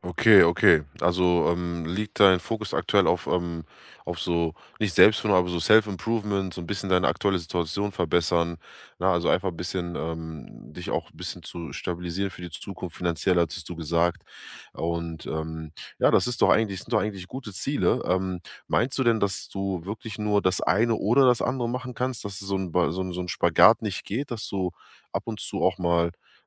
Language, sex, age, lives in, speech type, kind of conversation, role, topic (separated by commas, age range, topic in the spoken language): German, male, 30-34, Germany, conversation, advice, advisor, Wie finde ich ein gutes Gleichgewicht zwischen Geselligkeit und Alleinzeit?